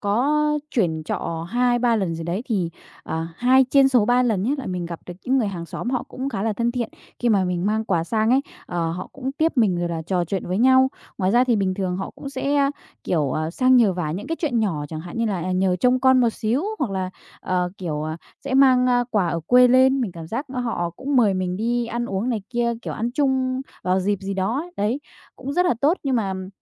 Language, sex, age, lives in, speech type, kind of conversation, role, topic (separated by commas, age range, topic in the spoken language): Vietnamese, female, 20-24, Vietnam, conversation, podcast, guest, Bạn nghĩ thế nào về tình làng nghĩa xóm ngày nay?